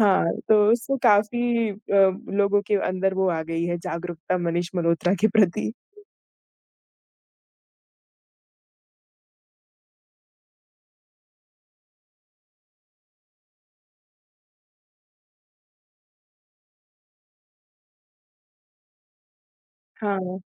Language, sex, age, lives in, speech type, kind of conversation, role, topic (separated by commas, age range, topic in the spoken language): Hindi, female, 20-24, India, conversation, podcast, guest, शादी में पहनावा आपके परिवार के लिए क्या दर्शाता है?